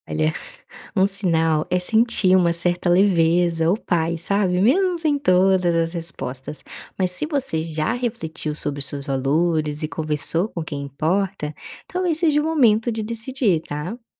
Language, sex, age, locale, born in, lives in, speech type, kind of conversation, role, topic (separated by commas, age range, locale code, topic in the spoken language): Portuguese, female, 25-29, pt-BR, Brazil, Spain, read, expert-assistant, expert, Como a fé pode ajudar a fazer escolhas difíceis no dia a dia?